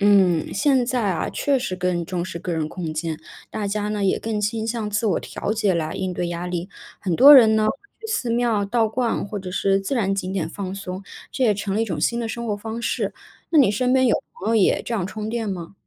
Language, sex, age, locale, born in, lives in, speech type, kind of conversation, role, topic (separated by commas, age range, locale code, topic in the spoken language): Chinese, female, 30-34, zh-CN, China, United States, read, expert-assistant, expert, 如果历史上没有出现佛教，对中国文化和社会会产生怎样的影响？